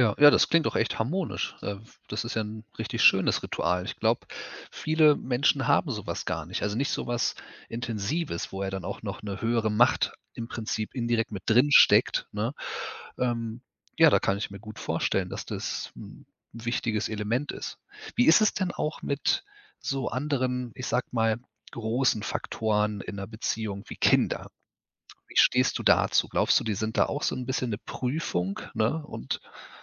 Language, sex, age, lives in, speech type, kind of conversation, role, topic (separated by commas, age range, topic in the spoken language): German, male, 35-39, Germany, conversation, podcast, host, Wie bleiben Paare auch nach vielen Jahren miteinander verbunden?